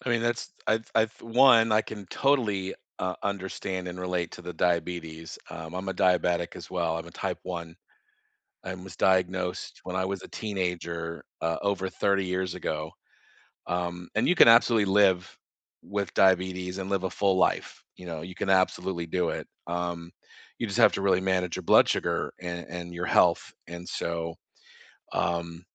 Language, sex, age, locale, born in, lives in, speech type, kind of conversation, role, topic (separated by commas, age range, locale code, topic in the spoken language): English, male, 50-54, en-US, United States, United States, conversation, unstructured, none, What is a gadget you did not expect to love but now cannot live without?